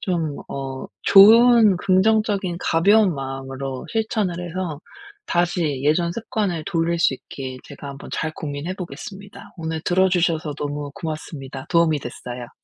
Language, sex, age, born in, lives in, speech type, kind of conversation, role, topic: Korean, female, 40-44, South Korea, United States, conversation, advice, user, 일관성 있게 습관을 이어가려면 어떻게 시작하면 좋을까요?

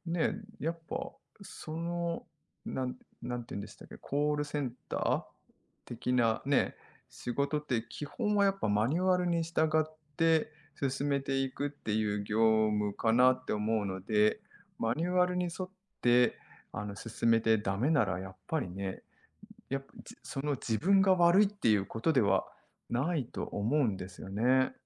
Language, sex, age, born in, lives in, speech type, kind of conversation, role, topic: Japanese, male, 40-44, Japan, Japan, conversation, advice, advisor, 小さな失敗をすると、どうしてやる気を失ってしまうのでしょうか？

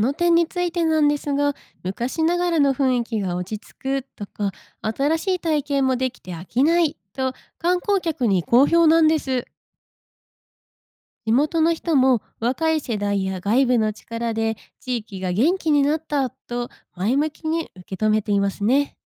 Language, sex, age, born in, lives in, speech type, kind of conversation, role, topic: Japanese, female, 25-29, Japan, Japan, read, expert-assistant, expert, 観光地でよく聞く『ホスピタリティ』って、実際にはどんな意味ですか？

